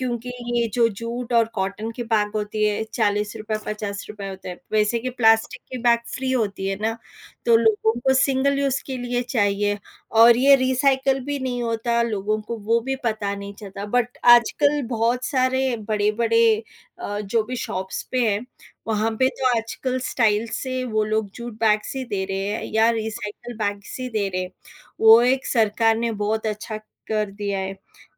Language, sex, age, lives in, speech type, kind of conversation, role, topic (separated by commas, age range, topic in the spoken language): Hindi, female, 30-34, India, conversation, unstructured, none, आपके अनुसार प्रदूषण कम करने के लिए सबसे बड़ा कदम क्या हो सकता है?